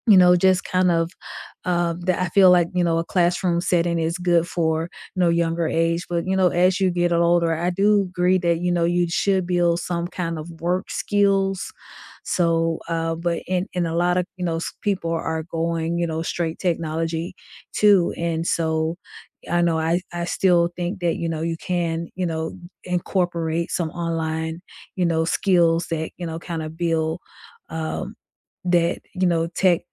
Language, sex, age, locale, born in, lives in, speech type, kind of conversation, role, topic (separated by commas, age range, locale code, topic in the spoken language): English, female, 45-49, en-US, United States, United States, conversation, unstructured, none, How do classroom devices affect your focus and learning, and how can we support each other?